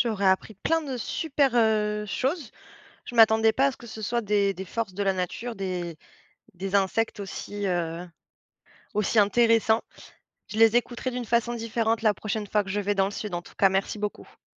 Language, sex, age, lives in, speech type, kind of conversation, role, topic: French, female, 30-34, France, read, expert-assistant, asker, Pourquoi les cigales chantent-elles en été ?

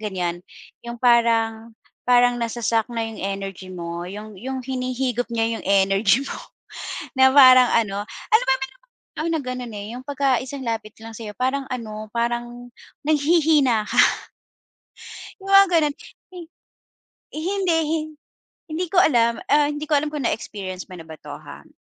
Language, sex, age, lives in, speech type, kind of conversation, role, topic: Filipino, female, 40-44, Philippines, conversation, podcast, guest, Ano ang ginagawa mo kapag napapagod ka na sa pakikinig?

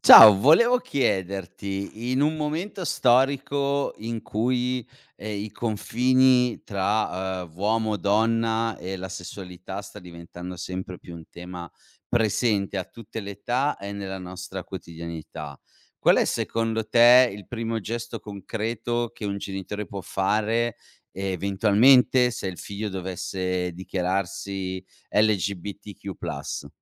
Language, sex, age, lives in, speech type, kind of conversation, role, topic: Italian, male, 40-44, Italy, conversation, podcast, host, Come sostenere concretamente un figlio che si dichiara LGBTQ+?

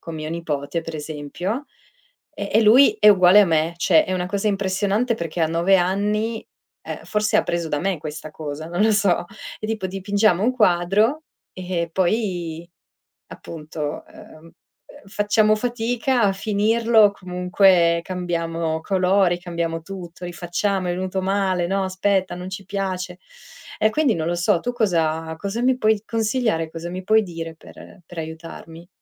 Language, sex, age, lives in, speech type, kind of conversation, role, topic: Italian, female, 35-39, Italy, conversation, advice, user, In che modo il perfezionismo ti impedisce di iniziare o di portare a termine un lavoro creativo?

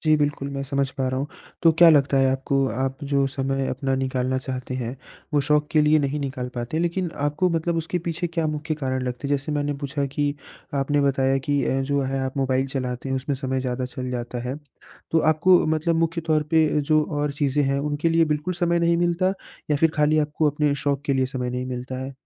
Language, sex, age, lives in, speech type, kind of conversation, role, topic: Hindi, male, 20-24, India, conversation, advice, advisor, मैं अपने शौक के लिए समय कैसे निकाल सकता/सकती हूँ?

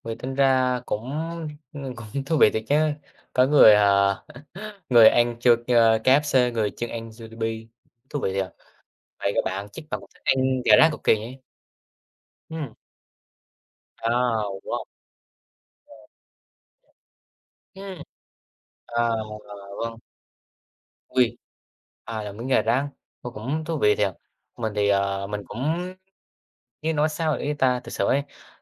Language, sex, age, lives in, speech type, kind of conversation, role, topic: Vietnamese, male, 18-19, Vietnam, conversation, unstructured, none, Bạn thích món ăn nào nhất khi còn nhỏ?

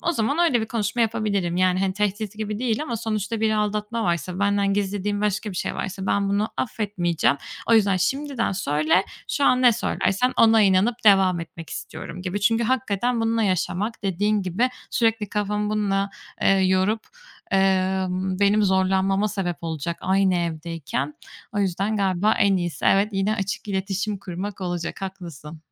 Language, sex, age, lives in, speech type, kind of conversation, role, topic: Turkish, female, 25-29, Spain, conversation, advice, user, Sevgilimle yaşadığımız kıskançlık ve şüphe kaynaklı güven sorunlarını nasıl aşabiliriz?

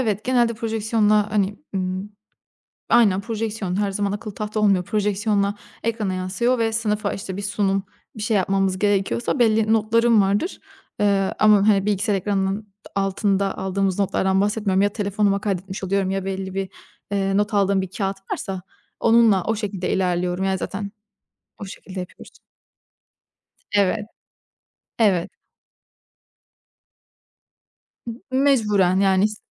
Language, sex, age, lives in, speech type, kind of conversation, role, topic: Turkish, female, 25-29, Italy, conversation, podcast, guest, Ertesi günü kolaylaştırmak için akşam neler hazırlarsın?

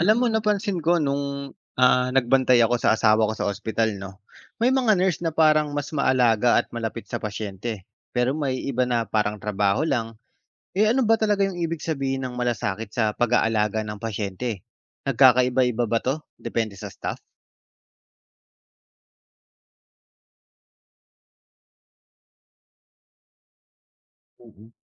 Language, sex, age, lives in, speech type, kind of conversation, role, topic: Filipino, male, 30-34, Philippines, read, expert-assistant, asker, Ano ang ibig sabihin ng 'malasakit' sa pangangalaga ng pasyente, at paano ito naipapakita sa araw-araw na karanasan sa ospital?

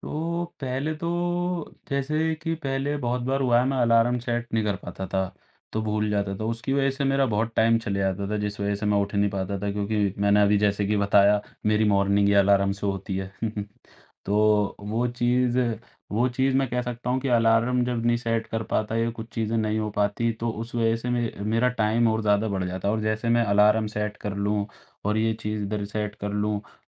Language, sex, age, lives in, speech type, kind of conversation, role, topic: Hindi, male, 18-19, India, conversation, podcast, guest, Daily ghar ko jaldi se saaf-suthra rakhne ka tumhara routine kya hota hai?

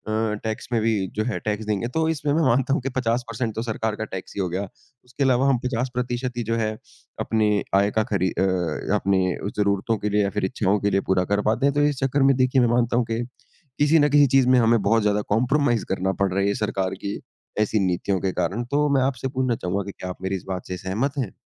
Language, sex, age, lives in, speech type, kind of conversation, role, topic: Hindi, male, 20-24, India, conversation, unstructured, none, सरकार की नई नीतियां आपकी ज़िंदगी पर क्या असर डालेंगी?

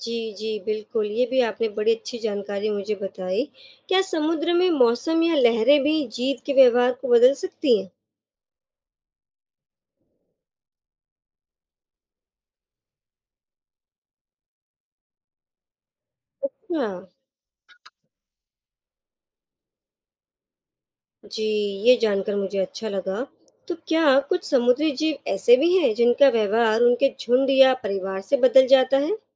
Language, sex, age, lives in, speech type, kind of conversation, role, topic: Hindi, female, 35-39, India, read, expert-assistant, asker, अगर मैं किसी समुद्री जीव के बारे में गहराई से समझना चाहता हूँ, तो कौन से सवाल खुद से पूछना चाहिए?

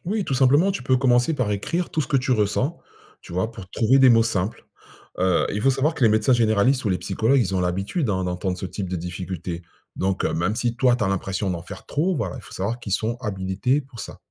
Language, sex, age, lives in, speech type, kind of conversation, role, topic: French, male, 45-49, France, read, expert-assistant, expert, Comment notre corps nous indique-t-il qu’il est temps de ralentir, et comment écouter ces signaux ?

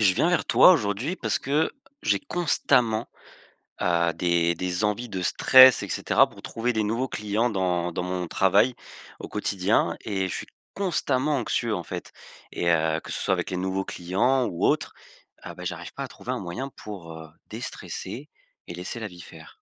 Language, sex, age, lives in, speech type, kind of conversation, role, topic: French, male, 20-24, France, conversation, advice, user, Comment puis-je changer ma relation à l’anxiété sans la combattre constamment ?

